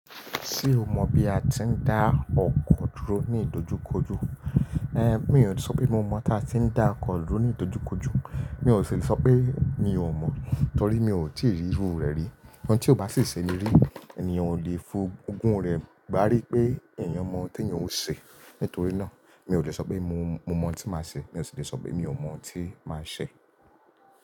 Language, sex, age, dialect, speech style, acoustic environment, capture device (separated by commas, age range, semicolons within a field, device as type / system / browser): Yoruba, male, 25-34, Nigeria - Lagos Yoruba, relaxed, silence, mobile / Linux / Chrome